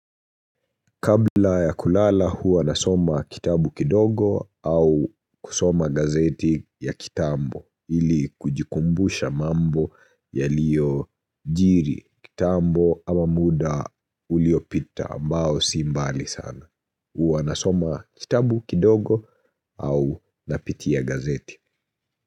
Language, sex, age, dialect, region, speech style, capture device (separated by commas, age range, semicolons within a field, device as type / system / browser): Swahili, male, 25-34, Kenya - Nairobi Sheng-influenced, Kenya, anxious, mobile / Linux / Chrome